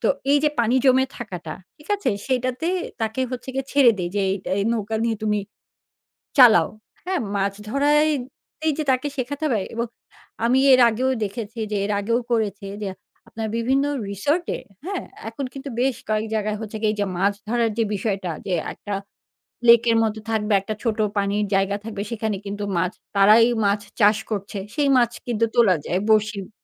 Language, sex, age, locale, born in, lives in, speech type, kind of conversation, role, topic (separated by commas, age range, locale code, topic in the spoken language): Bengali, female, 40-44, bn-BD, Bangladesh, Finland, conversation, podcast, guest, শৈশবে প্রকৃতির মাঝে কাটানো কোনো স্মৃতি কি শেয়ার করতে পারবেন?